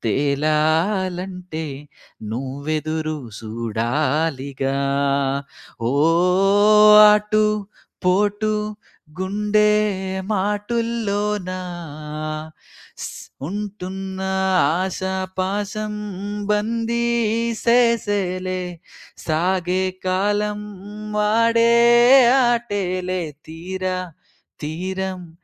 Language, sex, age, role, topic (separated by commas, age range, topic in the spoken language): Telugu, male, 25-29, guest, ఒక పాట విన్న తర్వాత మీ జీవితం కొంచెం మారిందా? ఒక ఉదాహరణ చెప్పగలరా?